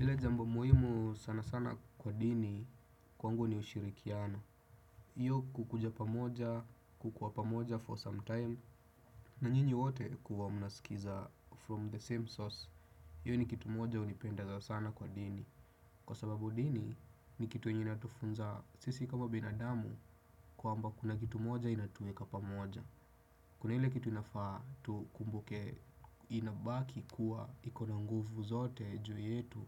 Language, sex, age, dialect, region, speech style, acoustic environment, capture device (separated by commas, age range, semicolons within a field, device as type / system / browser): Swahili, male, 25-34, Kenya - Nairobi Sheng-influenced, Kenya, focused; relaxed, silence, desktop / Windows / Chrome